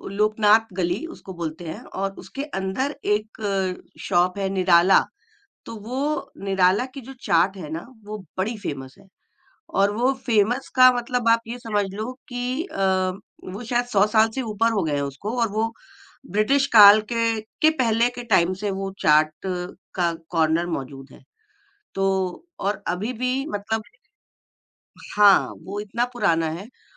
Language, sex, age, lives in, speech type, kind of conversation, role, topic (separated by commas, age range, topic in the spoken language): Hindi, female, 45-49, India, conversation, podcast, guest, Apne sheher ki koi local food memory bataoge, yaar?